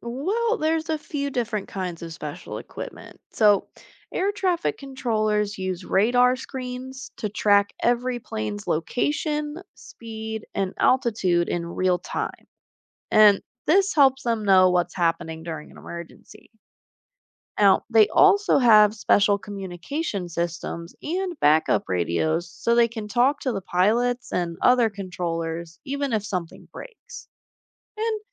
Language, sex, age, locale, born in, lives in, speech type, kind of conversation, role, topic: English, female, 25-29, en-US, United States, United States, read, expert-assistant, expert, How does a plane stay in the air?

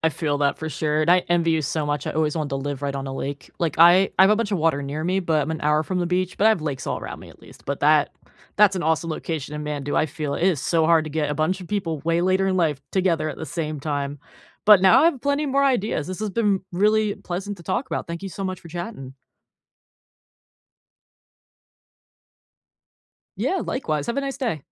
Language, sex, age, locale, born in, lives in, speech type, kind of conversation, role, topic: English, female, 25-29, en-US, United States, United States, conversation, unstructured, none, When life gets hectic, what small habits help you keep friendships warm and supportive?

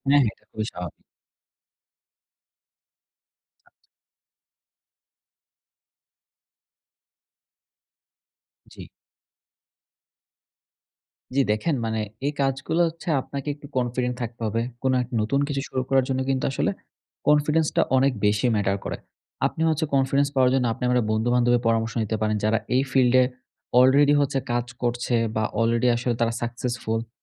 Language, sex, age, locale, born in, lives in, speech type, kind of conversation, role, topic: Bengali, male, 20-24, bn-BD, Bangladesh, Bangladesh, conversation, advice, advisor, একটি কাজে একাগ্রভাবে মনোযোগ দেওয়া ভালো, নাকি একসঙ্গে একাধিক কাজ করা ভালো?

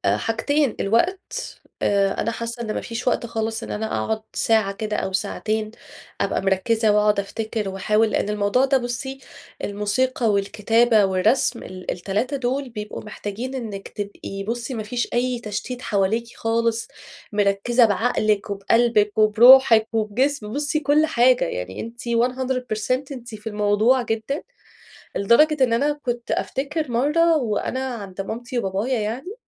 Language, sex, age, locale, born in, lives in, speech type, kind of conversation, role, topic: Arabic, female, 35-39, ar-EG, Egypt, Egypt, conversation, advice, user, إزاي ألاقي وقت أمارس هواياتي في يومي رغم انشغالي؟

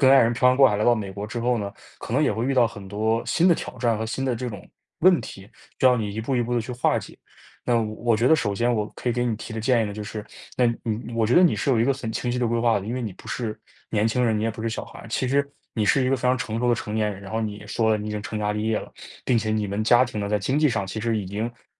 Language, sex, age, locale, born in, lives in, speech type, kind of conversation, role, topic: Chinese, male, 20-24, zh-CN, China, United States, conversation, advice, advisor, 父母对职业选择的强烈期待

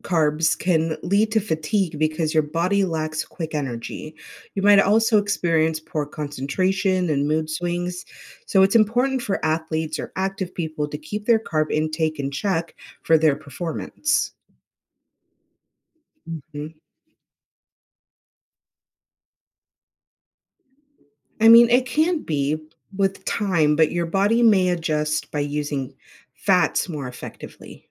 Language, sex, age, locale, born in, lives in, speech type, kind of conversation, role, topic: English, female, 30-34, en-US, United States, United States, read, expert-assistant, expert, Can you explain the role of carbohydrates in our diet?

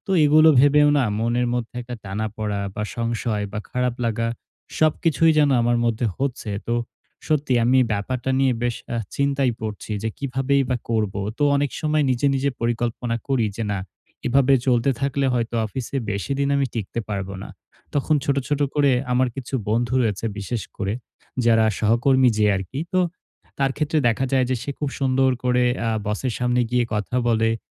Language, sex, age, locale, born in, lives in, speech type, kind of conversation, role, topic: Bengali, male, 18-19, bn-BD, Bangladesh, Bangladesh, conversation, advice, user, আমি কীভাবে প্রতিদিন ধীরে ধীরে নিজের আত্মবিশ্বাস বাড়াতে পারি?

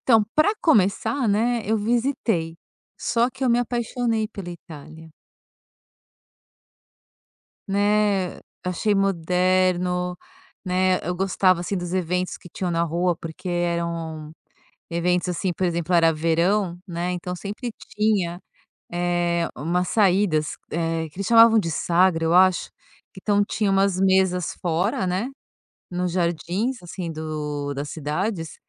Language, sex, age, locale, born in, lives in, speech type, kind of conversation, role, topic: Portuguese, female, 50-54, pt-BR, Brazil, France, conversation, podcast, guest, Como você decide se deve se mudar para outra cidade ou país?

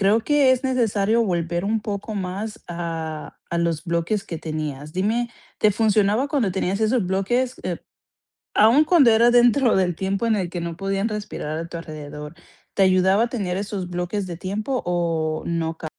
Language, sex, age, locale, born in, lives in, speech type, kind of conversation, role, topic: Spanish, female, 25-29, es-CO, Colombia, United States, conversation, advice, advisor, ¿Cómo puedo mantener la concentración con descansos breves?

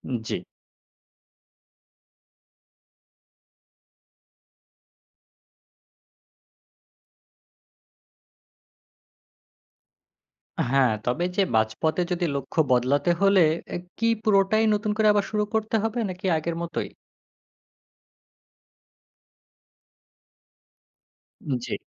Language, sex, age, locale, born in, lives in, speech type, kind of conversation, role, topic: Bengali, male, 20-24, bn-BD, Bangladesh, Bangladesh, read, expert-assistant, asker, আমি কিভাবে নিজের ক্যারিয়ার পরিকল্পনা করব, যাতে সময় ও শক্তি দুটোই সবচেয়ে ভালোভাবে কাজে লাগে?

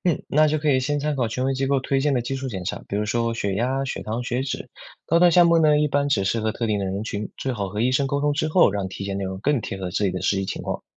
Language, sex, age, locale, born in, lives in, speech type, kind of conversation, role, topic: Chinese, male, 20-24, zh-CN, China, United States, read, expert-assistant, expert, 全民健康检查值得推广吗？有哪些优缺点？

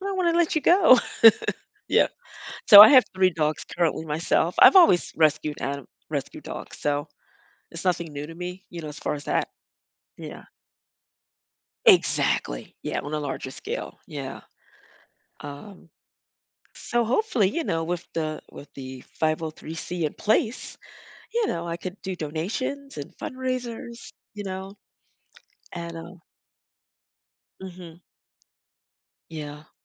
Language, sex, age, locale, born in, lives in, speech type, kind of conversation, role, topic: English, female, 55-59, en-US, United States, United States, conversation, podcast, guest, How do you approach goals that are both exciting and intimidating?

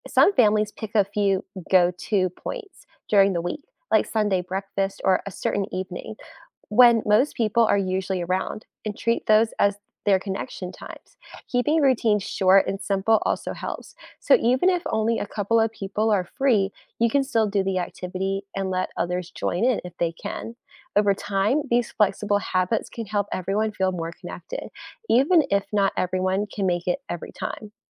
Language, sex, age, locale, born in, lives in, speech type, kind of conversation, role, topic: English, female, 25-29, en-US, United States, United States, read, expert-assistant, expert, How can families improve communication despite busy schedules?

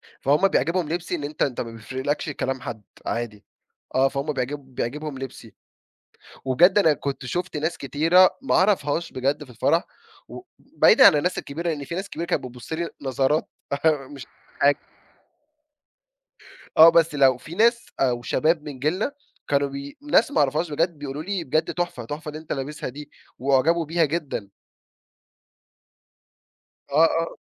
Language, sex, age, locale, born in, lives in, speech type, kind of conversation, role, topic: Arabic, male, 20-24, ar-EG, Egypt, Egypt, conversation, podcast, guest, إيه أكتر حاجة بتحب تلبسها لما تحب تحس بثقة؟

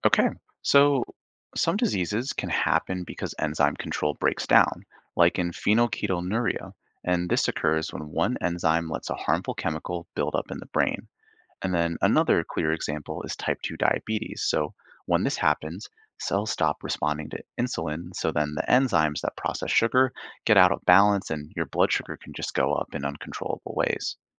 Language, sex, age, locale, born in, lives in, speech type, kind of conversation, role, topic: English, male, 25-29, en-US, Canada, United States, read, expert-assistant, expert, How do enzymes speed up reactions in organisms?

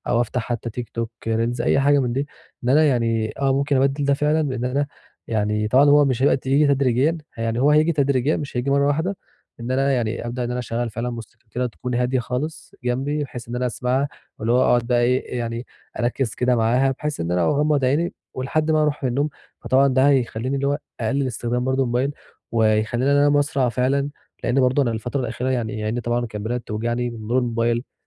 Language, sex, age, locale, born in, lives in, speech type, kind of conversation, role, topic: Arabic, male, 20-24, ar-EG, Egypt, Egypt, conversation, advice, user, ازاي أقلل استخدام الموبايل والشاشات قبل ما أنام؟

